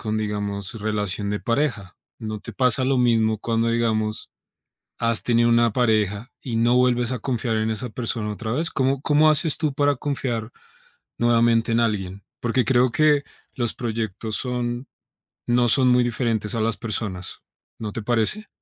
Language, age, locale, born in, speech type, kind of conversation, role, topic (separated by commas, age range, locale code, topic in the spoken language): Spanish, 30-34, es-CO, Colombia, conversation, advice, advisor, ¿Cómo puedo superar el miedo a iniciar un nuevo proyecto después de un fracaso?